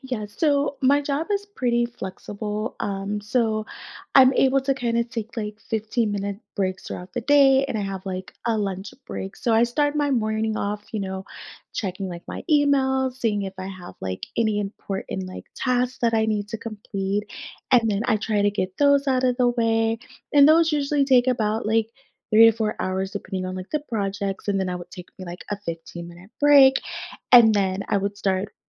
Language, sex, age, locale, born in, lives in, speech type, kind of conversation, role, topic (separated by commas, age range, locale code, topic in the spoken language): English, female, 30-34, en-US, United States, United States, conversation, podcast, guest, What strategies help you maintain a healthy balance between your career and personal life?